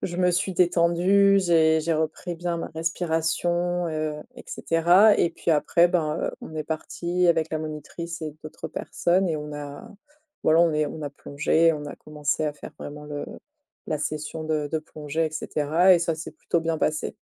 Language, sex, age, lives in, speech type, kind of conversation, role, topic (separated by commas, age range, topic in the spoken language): French, female, 30-34, France, conversation, podcast, guest, Quel nouveau loisir as-tu commencé récemment ?